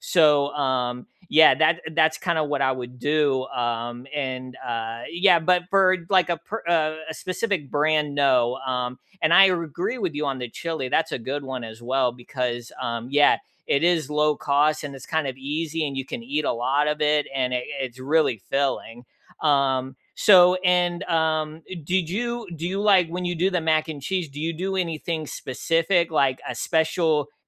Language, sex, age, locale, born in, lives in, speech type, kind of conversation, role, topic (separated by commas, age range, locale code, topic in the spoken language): English, male, 35-39, en-US, United States, United States, conversation, unstructured, none, On cozy nights, what nourishing comfort foods do you reach for, and what memories make them special?